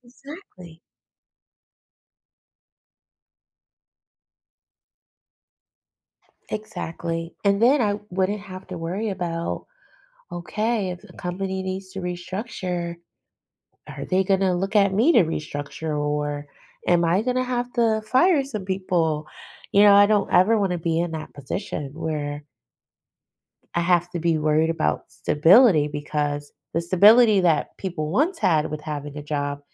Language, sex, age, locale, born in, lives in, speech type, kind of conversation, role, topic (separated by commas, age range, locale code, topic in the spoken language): English, female, 40-44, en-US, United States, United States, conversation, advice, user, How can I decide about a major life change?